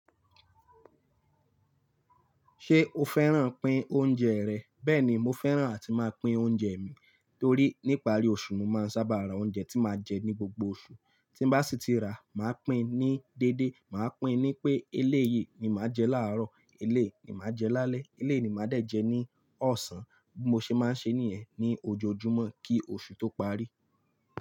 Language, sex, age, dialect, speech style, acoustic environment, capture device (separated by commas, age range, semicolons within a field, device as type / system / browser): Yoruba, male, 25-34, Nigeria - Lagos Yoruba, focused, silence; traffic, mobile / Linux / Chrome